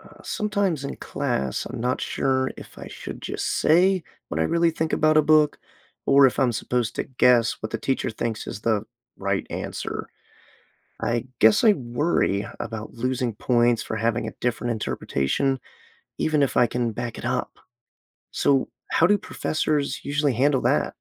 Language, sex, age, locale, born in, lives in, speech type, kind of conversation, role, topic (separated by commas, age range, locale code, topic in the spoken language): English, male, 25-29, en-US, United States, United States, read, expert-assistant, asker, How do life experiences affect story interpretation?